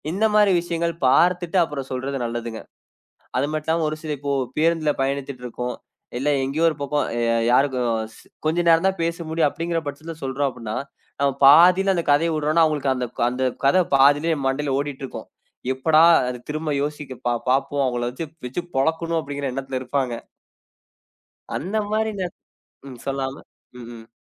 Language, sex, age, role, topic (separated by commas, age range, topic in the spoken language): Tamil, male, 20-24, guest, உண்மையை வெளிப்படுத்த வேண்டிய நேரத்தை நீங்கள் எப்படி தேர்ந்தெடுப்பீர்கள்?